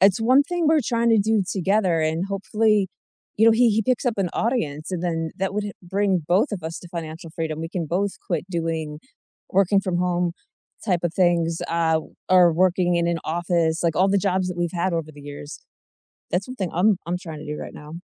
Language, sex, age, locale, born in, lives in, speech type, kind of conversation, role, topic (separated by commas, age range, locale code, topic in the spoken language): English, female, 40-44, en-US, United States, United States, conversation, unstructured, none, What does financial freedom mean to you?